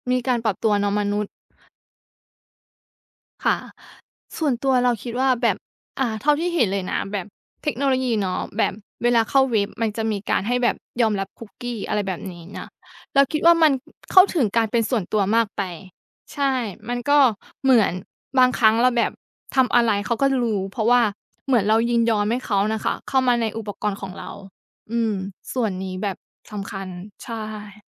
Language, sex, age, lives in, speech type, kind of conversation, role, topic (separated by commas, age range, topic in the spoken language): Thai, female, 30-34, Thailand, conversation, unstructured, none, คุณคิดว่าเทคโนโลยีสมัยใหม่ช่วยลดปัญหาสังคม หรือกลับเพิ่มปัญหามากกว่ากัน?